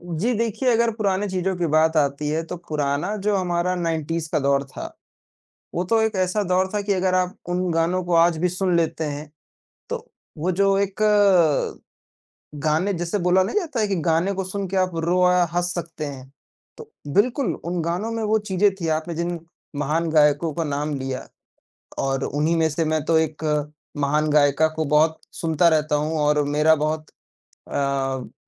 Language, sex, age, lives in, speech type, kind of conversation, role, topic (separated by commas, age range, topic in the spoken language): Hindi, male, 20-24, India, conversation, unstructured, none, कौन सा पुराना गाना सुनकर आपकी पुरानी यादें ताज़ा हो जाती हैं?